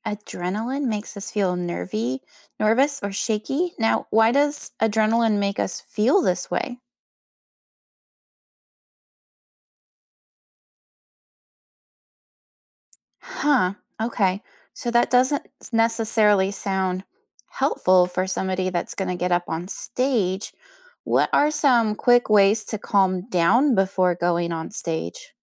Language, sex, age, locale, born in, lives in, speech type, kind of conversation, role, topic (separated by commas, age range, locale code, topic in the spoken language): English, female, 40-44, en-US, United States, United States, read, expert-assistant, asker, How can someone overcome stage fright?